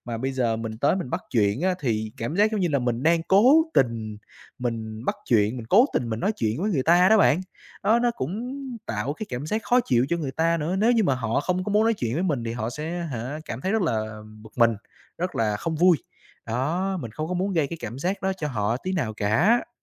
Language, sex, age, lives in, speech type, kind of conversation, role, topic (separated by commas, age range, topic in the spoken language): Vietnamese, male, 25-29, Vietnam, conversation, podcast, guest, Làm sao để vượt qua sự ngại ngùng khi bạn muốn kết nối với người khác?